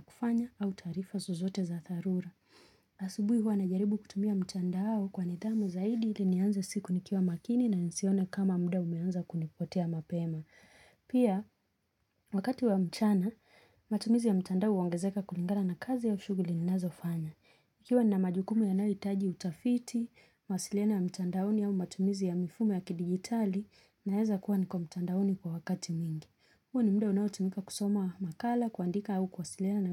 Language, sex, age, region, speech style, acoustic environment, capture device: Swahili, female, 25-34, Kenya, relaxed, nature; silence, mobile / Linux / Chrome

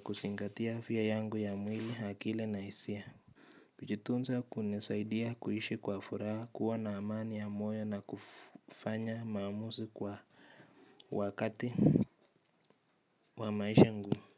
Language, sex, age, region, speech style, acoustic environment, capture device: Swahili, male, 25-34, Kenya, relaxed, silence, mobile / Linux / Chrome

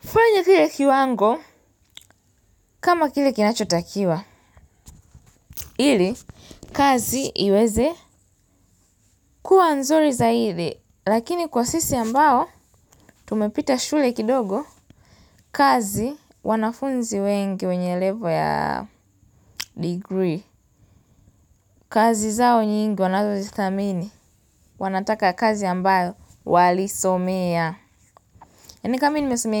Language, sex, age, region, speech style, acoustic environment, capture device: Swahili, female, 18-24, Tanzania, happy, nature, mobile / Linux / Chrome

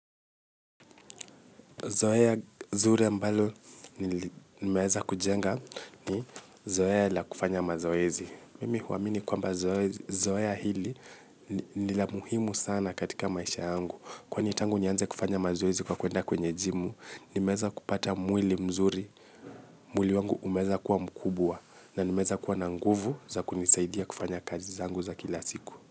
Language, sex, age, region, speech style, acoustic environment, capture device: Swahili, male, 25-34, Kenya, tired; relaxed, silence, mobile / Linux / Chrome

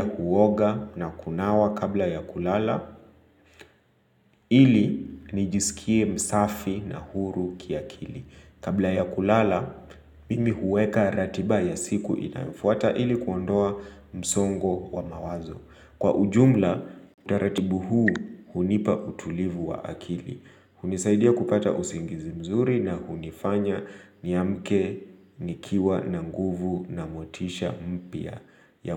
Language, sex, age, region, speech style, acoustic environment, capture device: Swahili, male, 25-34, Kenya, relaxed, nature, mobile / Linux / Chrome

